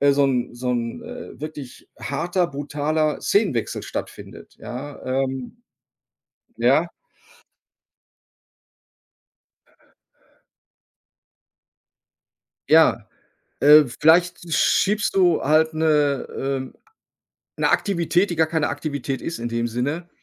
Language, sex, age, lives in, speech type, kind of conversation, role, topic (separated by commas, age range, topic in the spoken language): German, male, 55-59, Germany, conversation, advice, advisor, Wie kann ich meine Freizeit genießen, ohne mich unter Leistungsdruck zu setzen?